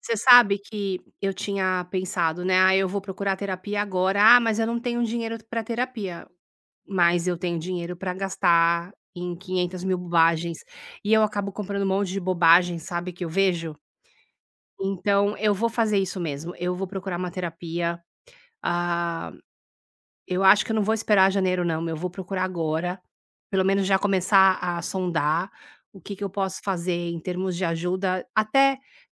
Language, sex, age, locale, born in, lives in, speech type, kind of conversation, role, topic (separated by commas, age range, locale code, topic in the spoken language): Portuguese, female, 50-54, pt-BR, Brazil, United States, conversation, advice, user, Quais são seus gastos impulsivos e como eles afetam seu orçamento mensal?